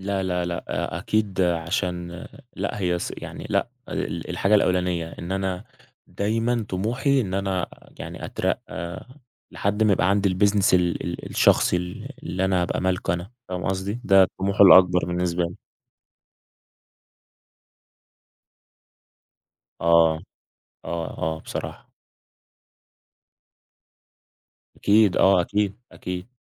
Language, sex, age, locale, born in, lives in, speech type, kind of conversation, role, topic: Arabic, male, 20-24, ar-EG, Egypt, Egypt, conversation, podcast, guest, إيه اللي بيحمّسك تكمّل تتعلّم طول عمرك؟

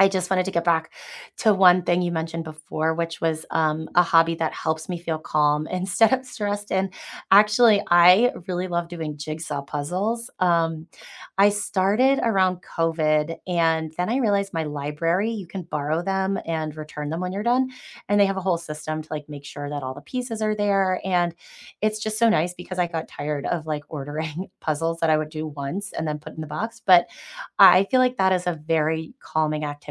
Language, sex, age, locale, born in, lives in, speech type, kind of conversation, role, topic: English, female, 35-39, en-US, United States, United States, conversation, unstructured, none, What helps you feel calm when you’re stressed?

